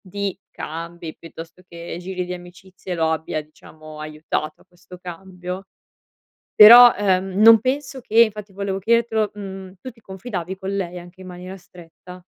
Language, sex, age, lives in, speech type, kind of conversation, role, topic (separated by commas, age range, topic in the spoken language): Italian, female, 25-29, Italy, conversation, advice, advisor, Come posso gestire un amico sincero ma tagliente che finisce per offendermi invece di aiutarmi?